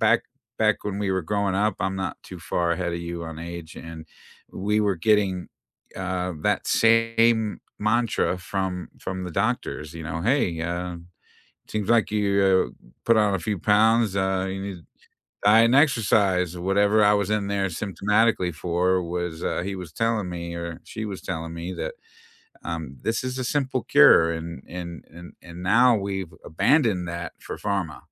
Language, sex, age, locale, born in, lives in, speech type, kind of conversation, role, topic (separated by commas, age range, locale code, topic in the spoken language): English, male, 55-59, en-US, United States, United States, conversation, unstructured, none, What job makes you feel proud of your work?